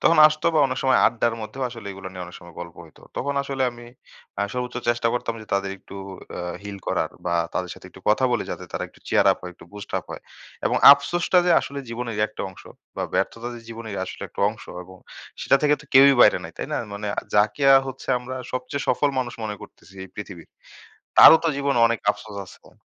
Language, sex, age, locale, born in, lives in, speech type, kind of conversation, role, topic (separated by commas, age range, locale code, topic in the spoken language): Bengali, male, 25-29, bn-BD, Bangladesh, Bangladesh, conversation, podcast, guest, আপনি কি মনে করেন সময়ের সাথে সাথে সব আফসোস কমে যায়?